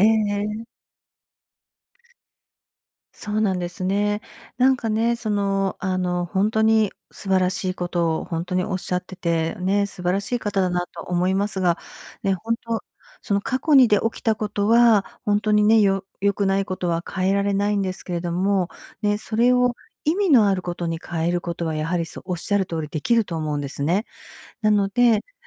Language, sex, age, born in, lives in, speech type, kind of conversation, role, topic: Japanese, female, 55-59, Japan, United States, conversation, advice, advisor, 失敗したあと、どうすればまた前向きになれますか？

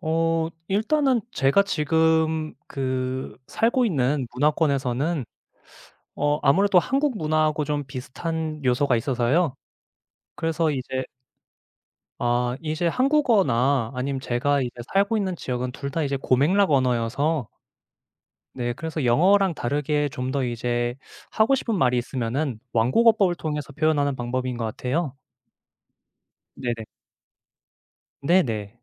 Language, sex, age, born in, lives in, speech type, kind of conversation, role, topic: Korean, male, 25-29, South Korea, Japan, conversation, podcast, guest, 중요한 이야기는 보통 직접 하시나요, 아니면 돌려서 말하시나요?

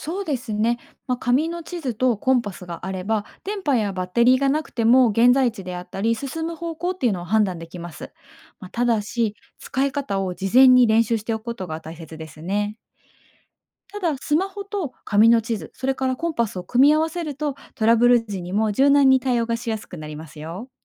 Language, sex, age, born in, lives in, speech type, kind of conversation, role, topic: Japanese, female, 30-34, Japan, Japan, read, expert-assistant, expert, 登山やキャンプの情報、どこまで信じていいか迷うことありませんか？信頼できるサイトや本、どうやって見分けていますか？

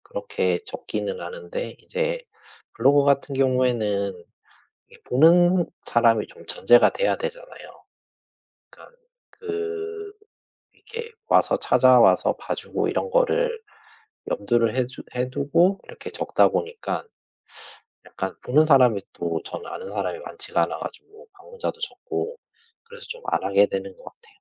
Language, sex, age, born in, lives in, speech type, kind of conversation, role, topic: Korean, male, 40-44, South Korea, Japan, conversation, unstructured, none, SNS와 블로그 중 어떤 플랫폼이 소통에 더 적합하다고 생각하시나요?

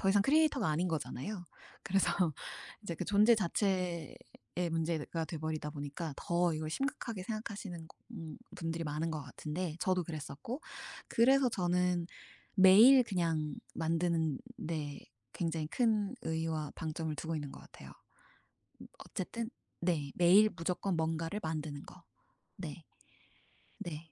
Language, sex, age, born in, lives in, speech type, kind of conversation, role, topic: Korean, female, 25-29, South Korea, United States, conversation, podcast, guest, 크리에이터 번아웃을 어떻게 예방할 수 있을까요?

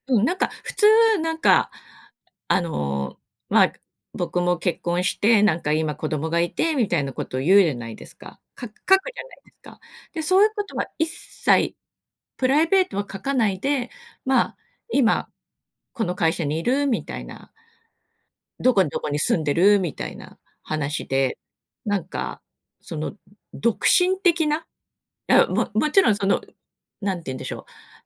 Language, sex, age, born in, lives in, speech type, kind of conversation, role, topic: Japanese, female, 50-54, Japan, Japan, conversation, advice, user, 元パートナーを忘れられず、連絡したくなる衝動に悩んでいますが、どうすればいいですか？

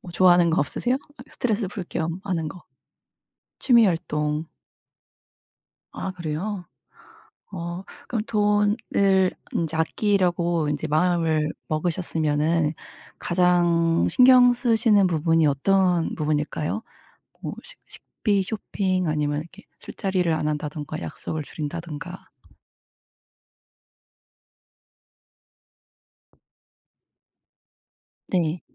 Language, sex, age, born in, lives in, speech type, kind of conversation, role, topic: Korean, female, 30-34, South Korea, United States, conversation, unstructured, none, 돈을 아끼면서도 행복하게 지낼 수 있는 방법은 무엇인가요?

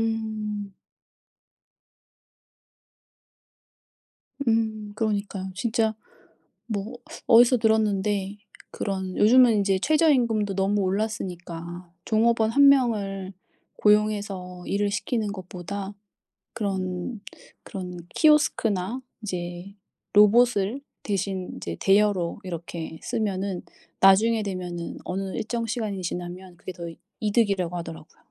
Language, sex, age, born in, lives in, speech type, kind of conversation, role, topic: Korean, female, 35-39, South Korea, South Korea, conversation, unstructured, none, 기술 발전이 사회를 어떻게 바꿨다고 생각하시나요?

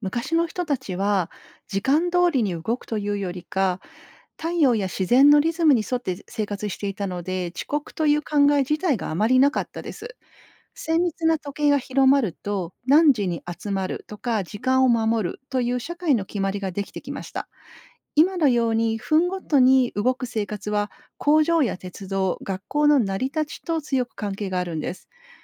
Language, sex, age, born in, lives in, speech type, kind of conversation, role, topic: Japanese, female, 50-54, Japan, Japan, read, expert-assistant, expert, なぜ時計の針は右回りなのか説明してください。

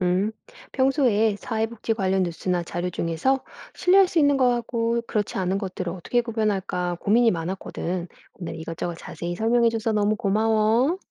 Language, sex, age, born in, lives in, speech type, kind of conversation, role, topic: Korean, female, 45-49, South Korea, United States, read, expert-assistant, asker, 사회복지 관련 뉴스나 자료 중에서 신뢰할 수 있는 것과 그렇지 않은 것은 어떻게 구별할 수 있을까요?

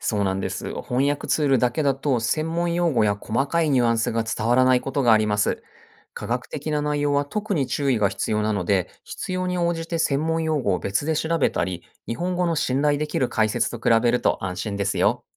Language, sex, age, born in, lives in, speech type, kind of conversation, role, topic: Japanese, male, 40-44, Japan, Japan, read, expert-assistant, expert, ネット記事で見かけた情報が本当かどうか、どうやって確かめますか？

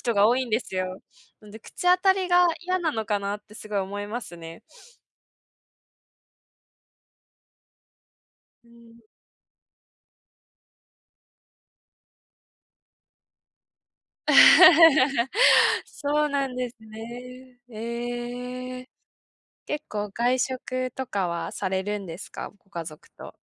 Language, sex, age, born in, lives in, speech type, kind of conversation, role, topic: Japanese, female, 20-24, Japan, Japan, conversation, unstructured, none, 食べ物の好き嫌いが原因で家族とケンカしたことはありますか？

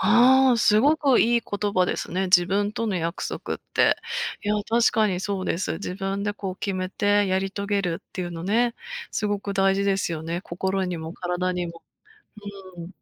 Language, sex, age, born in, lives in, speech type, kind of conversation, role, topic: Japanese, female, 40-44, Japan, United States, conversation, advice, advisor, 習慣が途切れてしまったあと、どうすればまた再開できますか？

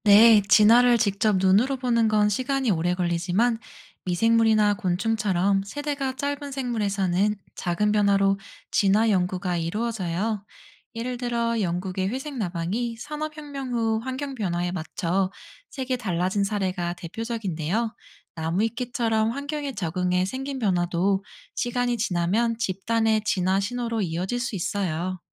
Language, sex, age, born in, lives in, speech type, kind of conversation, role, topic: Korean, female, 30-34, South Korea, United States, read, expert-assistant, expert, 우리 주변에서 진화의 원리를 직접 느끼고 배우려면 어떤 경험이 도움이 될까요?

